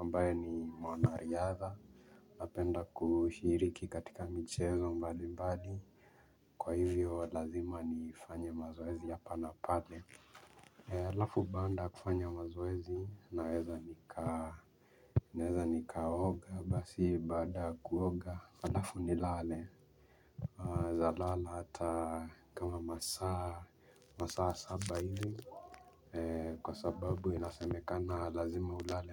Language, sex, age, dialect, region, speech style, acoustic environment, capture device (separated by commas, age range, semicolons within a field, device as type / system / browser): Swahili, male, 18-24, Kenya - Mombasa, Kenya, nervous, people talking, mobile / Linux / Chrome